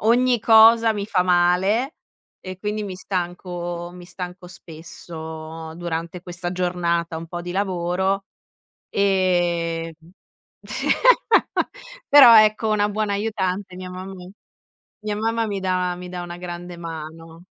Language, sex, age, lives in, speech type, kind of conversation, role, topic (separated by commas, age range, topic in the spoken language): Italian, female, 25-29, Italy, conversation, podcast, guest, Quale pasto condiviso ti è rimasto nel cuore?